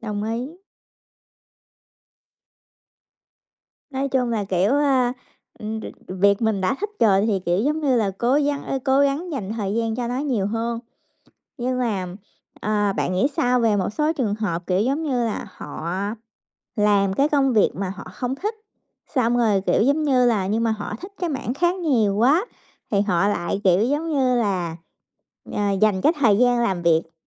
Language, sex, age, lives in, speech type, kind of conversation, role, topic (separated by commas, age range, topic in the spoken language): Vietnamese, female, 30-34, United States, conversation, unstructured, none, Bạn sẽ chọn làm công việc mình yêu thích nhưng lương thấp hay làm công việc lương cao nhưng không có đam mê?